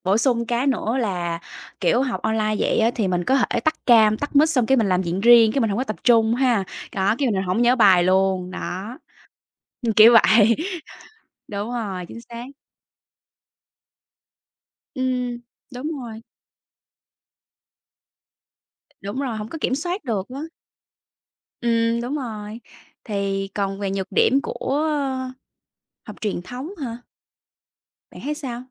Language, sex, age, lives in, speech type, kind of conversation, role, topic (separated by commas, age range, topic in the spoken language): Vietnamese, female, 25-29, Vietnam, conversation, unstructured, none, Học trực tuyến khác gì so với học truyền thống?